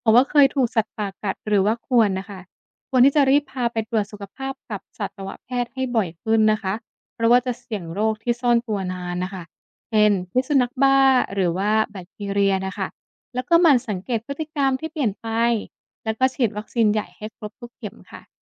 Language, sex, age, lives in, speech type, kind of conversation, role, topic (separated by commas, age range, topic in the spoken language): Thai, female, 25-29, Thailand, read, expert-assistant, expert, มีวิธีป้องกันไม่ให้แมวหรือสุนัขบ้านติดโรคจากสัตว์ป่าอย่างไรบ้าง? มีกลยุทธ์อะไรบ้างที่สามารถใช้ในชีวิตประจำวันได้จริง